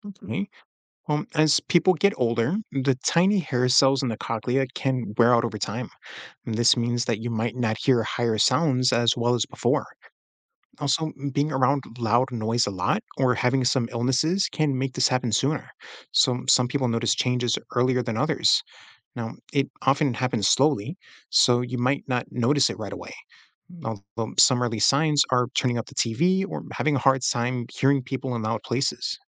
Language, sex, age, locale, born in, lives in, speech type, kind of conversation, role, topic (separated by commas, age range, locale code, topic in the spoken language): English, male, 35-39, en-US, United States, United States, read, expert-assistant, expert, How do sound waves travel and reach our ears?